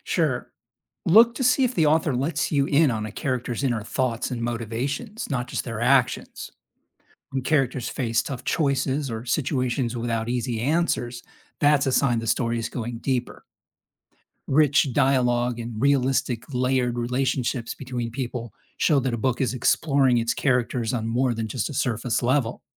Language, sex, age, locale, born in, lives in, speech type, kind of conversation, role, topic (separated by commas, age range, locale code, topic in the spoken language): English, male, 55-59, en-US, United States, United States, read, expert-assistant, expert, Can reading fiction increase empathy and understanding?